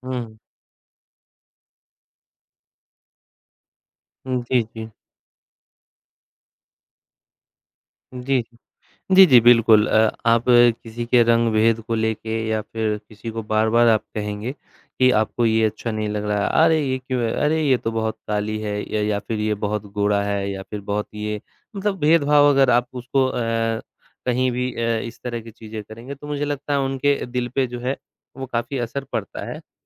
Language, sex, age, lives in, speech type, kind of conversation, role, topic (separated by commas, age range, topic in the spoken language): Hindi, male, 25-29, India, conversation, unstructured, none, क्या आपको लगता है कि समाज में भेदभाव समाप्त हो जाना चाहिए?